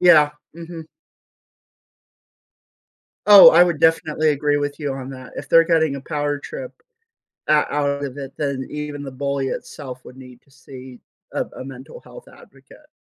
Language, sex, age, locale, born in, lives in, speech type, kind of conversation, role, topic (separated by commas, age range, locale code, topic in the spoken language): English, male, 25-29, en-US, United States, United States, conversation, podcast, host, What are some effective ways schools can promote student well-being and emotional health?